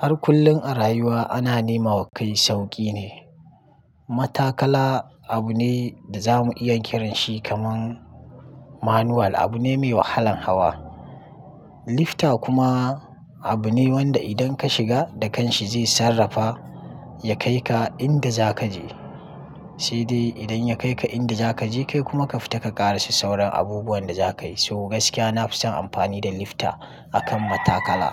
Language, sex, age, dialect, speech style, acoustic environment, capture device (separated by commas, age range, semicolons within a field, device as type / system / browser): Hausa, male, 25-34, Nigeria - Kano, happy, nature, mobile / Linux / Chrome